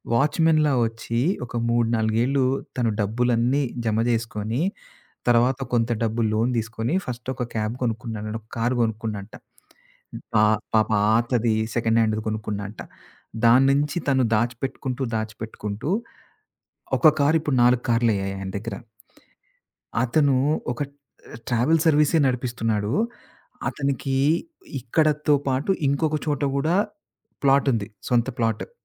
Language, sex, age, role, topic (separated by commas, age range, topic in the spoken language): Telugu, male, 40-44, guest, ఒక ట్యాక్సీ డ్రైవర్ మీకు నేర్పిన జీవన పాఠం గురించి చెప్పగలరా?